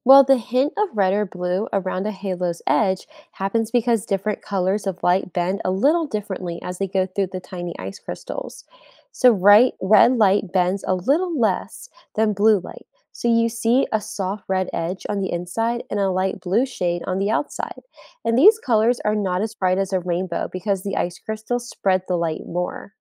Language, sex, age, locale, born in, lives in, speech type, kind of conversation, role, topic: English, female, 20-24, en-US, United States, United States, read, expert-assistant, expert, How does a rainbow form after it rains?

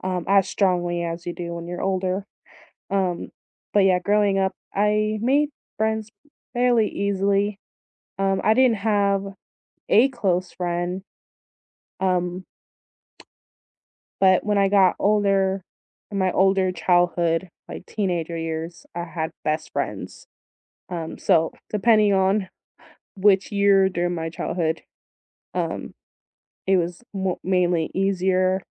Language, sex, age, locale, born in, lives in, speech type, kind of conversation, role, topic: English, female, 30-34, en-US, United States, United States, conversation, unstructured, none, What is your go-to way to meet new people locally?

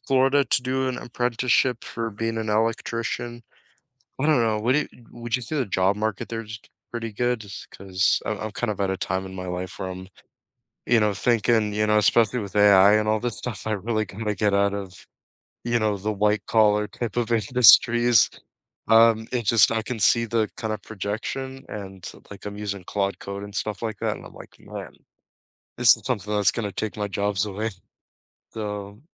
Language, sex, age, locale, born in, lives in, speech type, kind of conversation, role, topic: English, male, 20-24, en-CA, Canada, Canada, conversation, unstructured, none, Which everyday items on your desk have surprising stories behind them, and what do they reveal about you?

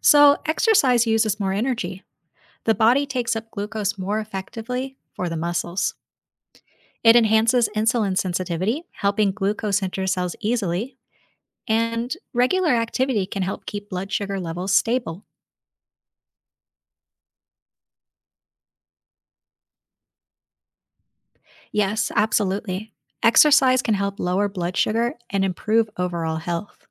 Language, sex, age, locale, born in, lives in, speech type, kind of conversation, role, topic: English, female, 30-34, en-US, United States, United States, read, expert-assistant, expert, How does the body process sugar?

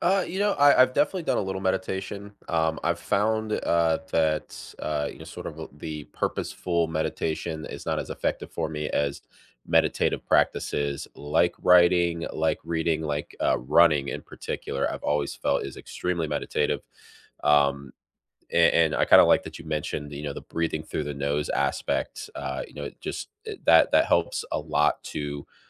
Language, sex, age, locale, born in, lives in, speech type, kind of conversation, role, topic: English, male, 25-29, en-US, United States, United States, conversation, unstructured, none, What simple rituals have been helping you feel grounded lately?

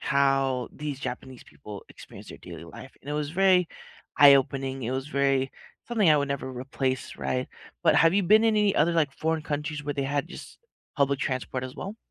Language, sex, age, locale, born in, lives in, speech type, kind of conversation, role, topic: English, male, 30-34, en-US, United States, United States, conversation, unstructured, none, How do you like exploring a city—by train, bus, or bike—and what makes it special to you?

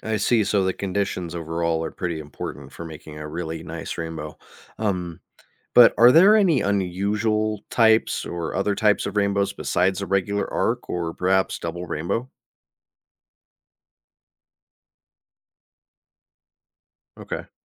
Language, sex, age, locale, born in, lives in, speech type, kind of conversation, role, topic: English, male, 30-34, en-US, United States, United States, read, expert-assistant, asker, What causes rainbows to appear after rain?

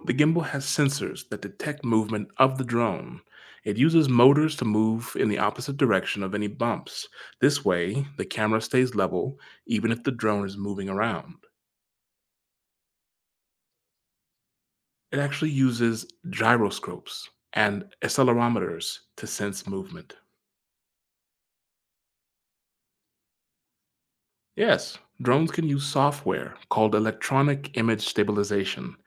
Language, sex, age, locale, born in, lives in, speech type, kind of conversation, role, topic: English, male, 30-34, en-US, United States, United States, read, expert-assistant, expert, How does a drone’s camera stabilize footage?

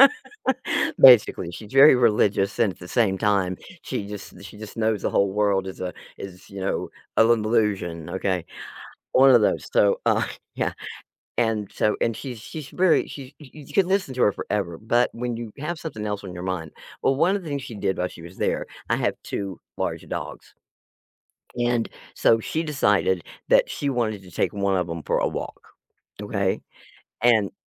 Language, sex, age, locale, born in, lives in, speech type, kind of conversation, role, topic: English, female, 65-69, en-US, United States, United States, conversation, advice, user, How can I manage work and family demands without burning out?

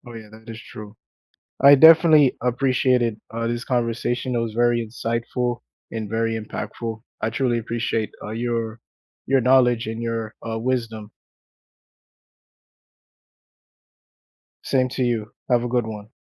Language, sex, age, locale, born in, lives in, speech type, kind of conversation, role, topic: English, male, 35-39, en-US, United States, United States, conversation, unstructured, none, What song brings back strong memories for you?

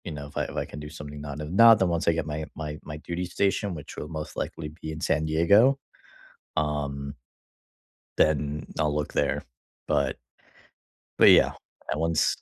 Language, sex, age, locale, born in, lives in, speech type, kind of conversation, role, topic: English, male, 25-29, en-US, United States, United States, conversation, unstructured, none, What actions, words, or rituals help you reconnect after a disagreement, and why do they deepen your connection?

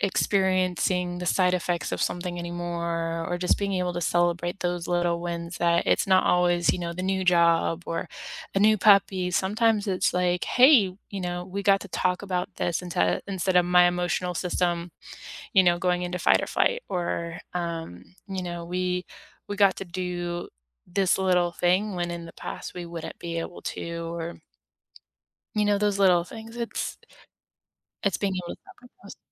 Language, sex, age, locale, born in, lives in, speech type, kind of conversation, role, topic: English, female, 30-34, en-US, United States, United States, conversation, unstructured, none, How do you celebrate big and small wins in your relationships?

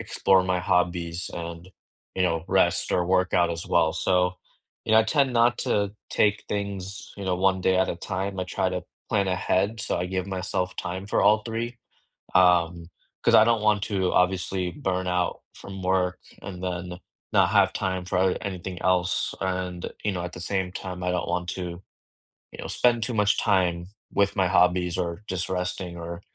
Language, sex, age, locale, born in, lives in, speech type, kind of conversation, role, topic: English, male, 25-29, en-US, India, United States, conversation, unstructured, none, How do you balance homework, hobbies, and rest?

